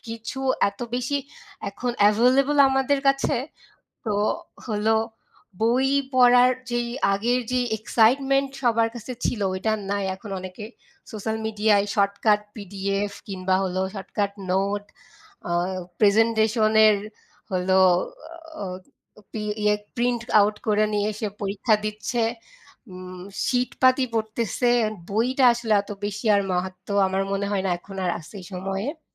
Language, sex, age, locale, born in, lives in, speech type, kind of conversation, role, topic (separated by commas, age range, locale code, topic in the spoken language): Bengali, female, 25-29, bn-BD, Bangladesh, Bangladesh, conversation, unstructured, none, বই পড়ার অভ্যাস কীভাবে জীবনে পরিবর্তন আনে?